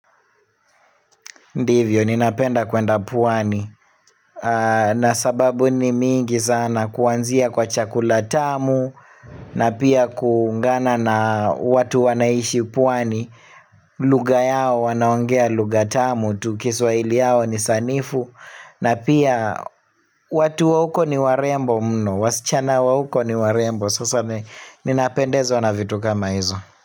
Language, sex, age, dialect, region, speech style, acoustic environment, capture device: Swahili, male, 25-34, Kenya - Nairobi Sheng-influenced, Kenya, relaxed, people talking; silence, mobile / Linux / Chrome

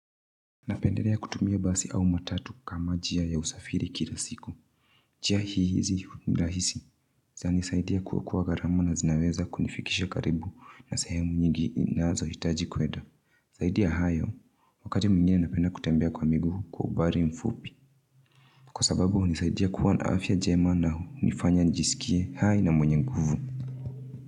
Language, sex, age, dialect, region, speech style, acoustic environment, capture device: Swahili, female, 25-34, Kenya - Nairobi Sheng-influenced, Kenya, relaxed, silence, mobile / Linux / Chrome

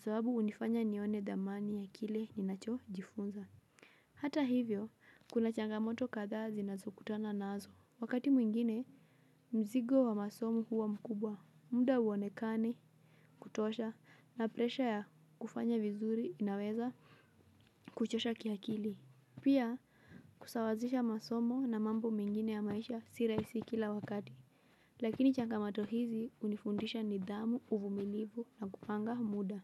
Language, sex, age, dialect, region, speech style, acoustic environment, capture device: Swahili, female, 18-24, Kenya - Mombasa, Kenya, relaxed, silence, mobile / Linux / Chrome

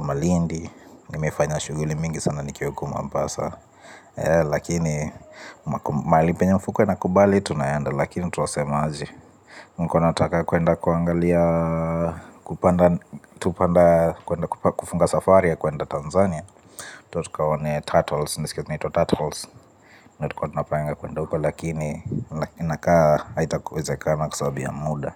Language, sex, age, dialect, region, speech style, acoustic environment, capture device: Swahili, male, 25-34, Kenya - Nairobi Sheng-influenced, Kenya, relaxed, appliances, mobile / Linux / Chrome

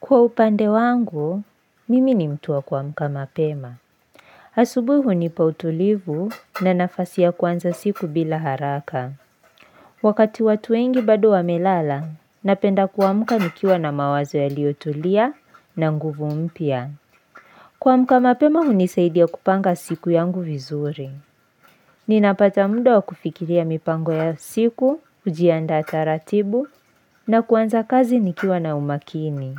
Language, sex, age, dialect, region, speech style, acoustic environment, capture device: Swahili, female, 18-24, Kenya - Nairobi Sheng-influenced, Kenya, focused; excited; relaxed, silence, mobile / Linux / Chrome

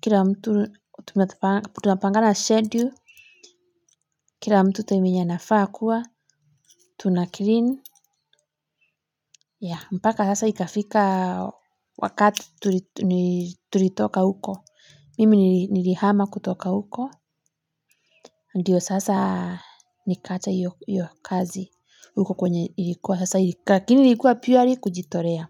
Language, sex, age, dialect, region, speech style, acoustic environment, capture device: Swahili, female, 25-34, Kenya - Nairobi Sheng-influenced, Kenya, relaxed; focused, nature, mobile / Linux / Chrome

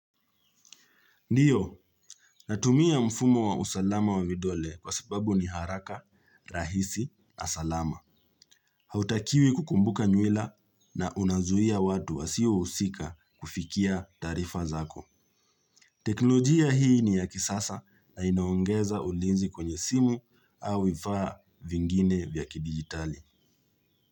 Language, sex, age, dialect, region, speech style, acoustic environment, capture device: Swahili, male, 25-34, Kenya - Nairobi Sheng-influenced, Kenya, relaxed; focused, nature; silence, mobile / Linux / Chrome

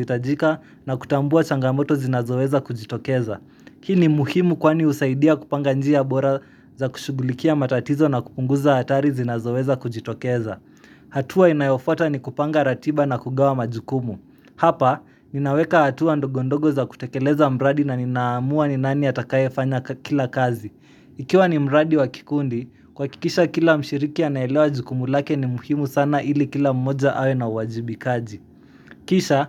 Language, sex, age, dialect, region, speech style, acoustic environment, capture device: Swahili, male, 18-24, Kenya - Nairobi Sheng-influenced, Kenya, happy, silence, mobile / Linux / Chrome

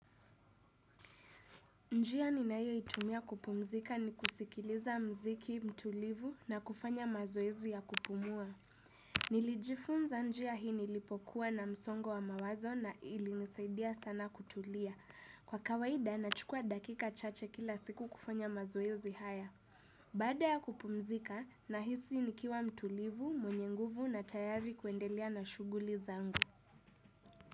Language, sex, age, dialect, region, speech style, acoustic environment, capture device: Swahili, female, 18-24, Kenya - Nairobi Sheng-influenced, Kenya, focused, silence, desktop / Windows / Chrome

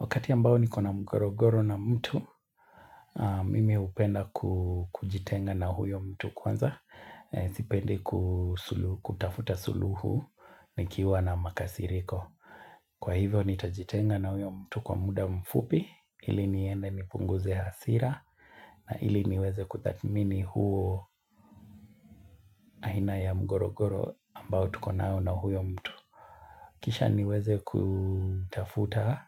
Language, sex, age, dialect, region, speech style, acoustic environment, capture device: Swahili, male, 25-34, Kenya - Mombasa, Kenya, tired, nature, mobile / Linux / Chrome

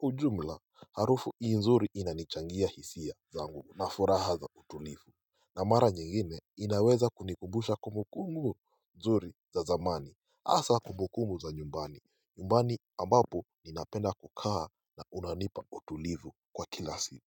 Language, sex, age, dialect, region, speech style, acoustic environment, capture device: Swahili, male, 18-24, Kenya - Mombasa, Kenya, focused, silence, mobile / Linux / Chrome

